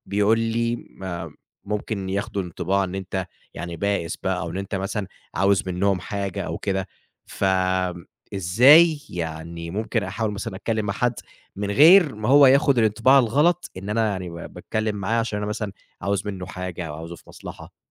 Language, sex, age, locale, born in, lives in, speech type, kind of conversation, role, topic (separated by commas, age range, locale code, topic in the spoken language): Arabic, male, 25-29, ar-EG, Egypt, Egypt, conversation, advice, user, إزاي أرجّع التواصل مع صحابي القدام بعد ما انقطعنا؟